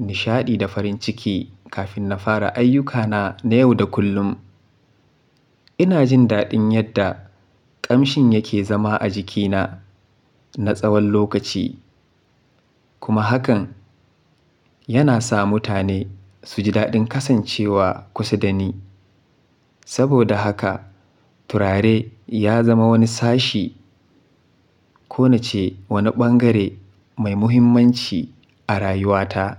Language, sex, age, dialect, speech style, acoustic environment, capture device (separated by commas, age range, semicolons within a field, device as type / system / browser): Hausa, male, 25-34, Nigeria - Kano, happy, silence, mobile / Linux / Chrome